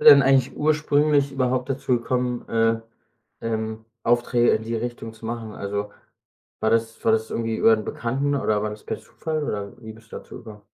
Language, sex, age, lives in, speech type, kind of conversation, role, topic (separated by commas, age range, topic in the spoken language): German, male, 25-29, Germany, conversation, podcast, host, Welches Risiko bist du eingegangen, das sich unerwartet ausgezahlt hat?